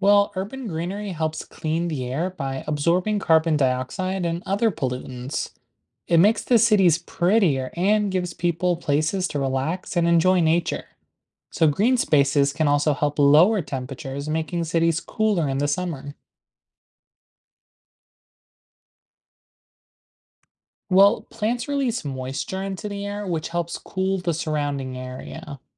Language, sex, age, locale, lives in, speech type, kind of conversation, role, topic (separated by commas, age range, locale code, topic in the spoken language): English, male, 20-24, en-US, United States, read, expert-assistant, expert, What are the benefits of urban greenery in cities?